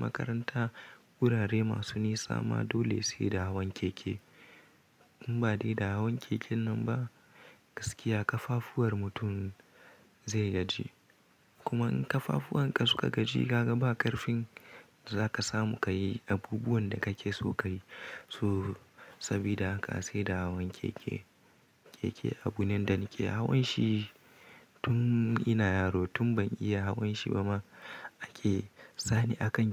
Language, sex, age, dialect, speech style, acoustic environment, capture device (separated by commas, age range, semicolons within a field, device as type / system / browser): Hausa, male, 18-24, Nigeria - Kano, anxious; happy, silence; nature, mobile / Linux / Chrome